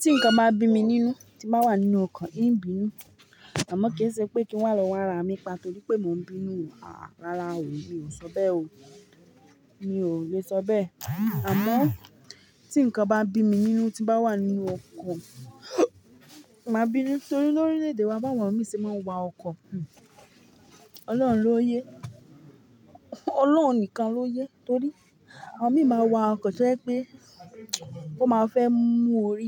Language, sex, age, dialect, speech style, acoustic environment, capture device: Yoruba, female, 25-34, Nigeria - Ibadan, happy, silence, mobile / Linux / Chrome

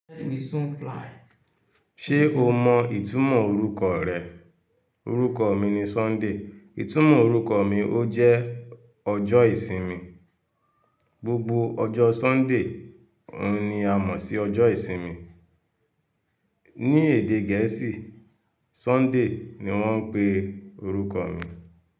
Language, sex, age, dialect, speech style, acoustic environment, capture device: Yoruba, male, 25-34, Nigeria - Lagos Yoruba, focused, people talking, mobile / Linux / Chrome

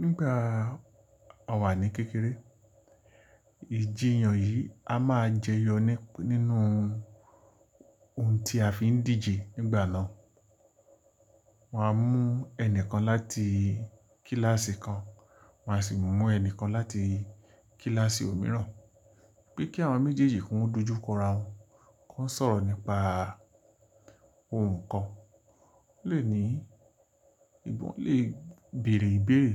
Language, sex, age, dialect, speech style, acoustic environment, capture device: Yoruba, male, 45-54, Nigeria - Lagos Yoruba, happy; relaxed, silence; nature, mobile / Linux / Chrome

